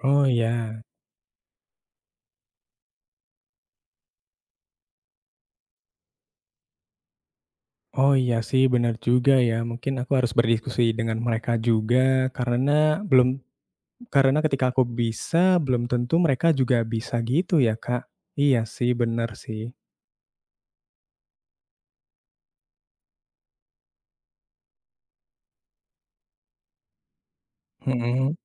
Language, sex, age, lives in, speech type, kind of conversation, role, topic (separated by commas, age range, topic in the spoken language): Indonesian, male, 20-24, Indonesia, conversation, advice, user, Bagaimana saya bisa menemukan waktu untuk berlatih meski sibuk bekerja dan mengurus keluarga?